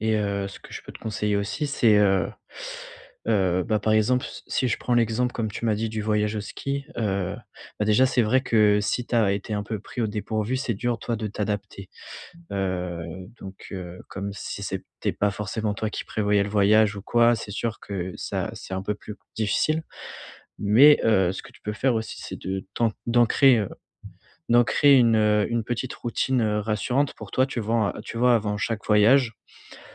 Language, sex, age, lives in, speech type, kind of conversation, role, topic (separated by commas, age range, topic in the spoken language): French, male, 20-24, France, conversation, advice, advisor, Comment gérer mon anxiété avant et pendant un voyage ?